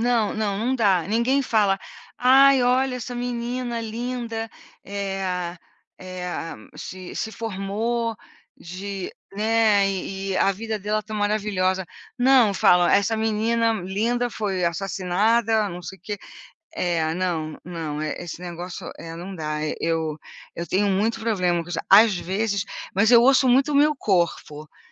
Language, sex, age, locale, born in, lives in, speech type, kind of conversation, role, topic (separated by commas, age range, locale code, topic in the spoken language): Portuguese, female, 60-64, pt-BR, Brazil, United States, conversation, unstructured, none, Qual é a importância de reservar momentos para descansar a mente?